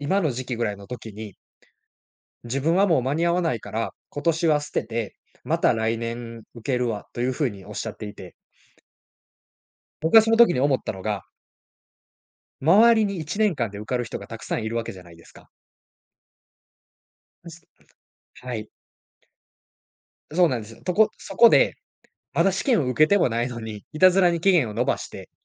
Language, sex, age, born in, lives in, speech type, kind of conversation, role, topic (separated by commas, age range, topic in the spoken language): Japanese, male, 20-24, Japan, Japan, conversation, podcast, guest, 失敗を成長に変えるためには、どのような具体的なステップを踏めばよいですか？